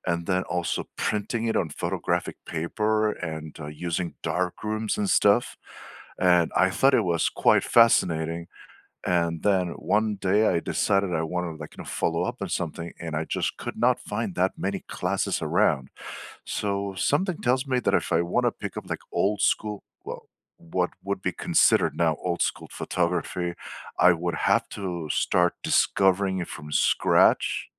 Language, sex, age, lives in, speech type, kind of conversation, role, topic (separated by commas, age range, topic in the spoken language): English, male, 45-49, United States, conversation, unstructured, none, What hobby would you love to pick up this year?